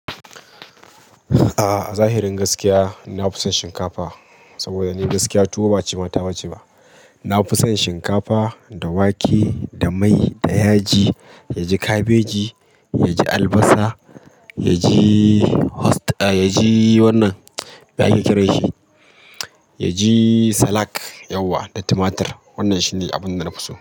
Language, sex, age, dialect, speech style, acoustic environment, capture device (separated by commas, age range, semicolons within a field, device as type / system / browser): Hausa, male, 25-34, Nigeria - Kano, focused; stressed, people talking; traffic; appliances; silence; nature, mobile / Linux / Chrome